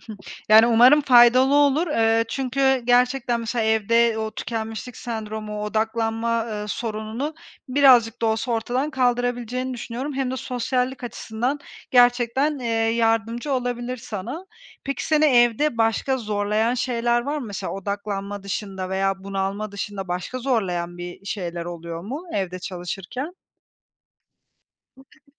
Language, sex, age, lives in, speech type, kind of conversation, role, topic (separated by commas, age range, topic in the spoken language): Turkish, female, 30-34, Spain, conversation, advice, advisor, Tükenmişlik sonrası işe dönerken iş ve dinlenme dengesini nasıl kurabilirim?